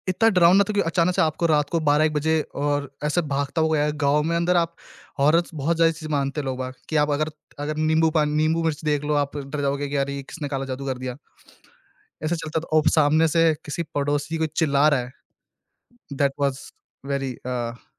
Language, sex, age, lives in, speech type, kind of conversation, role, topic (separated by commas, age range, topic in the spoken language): Hindi, male, 20-24, India, conversation, podcast, guest, Bachpan ka kaunsa parivaarik rivaaj aap ab tak follow karte ho?